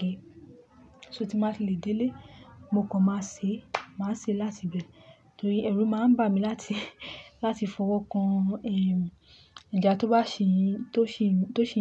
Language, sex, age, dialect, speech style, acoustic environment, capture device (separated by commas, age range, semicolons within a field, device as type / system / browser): Yoruba, female, 25-34, Nigeria - Lagos Yoruba, happy, nature, mobile / Linux / Chrome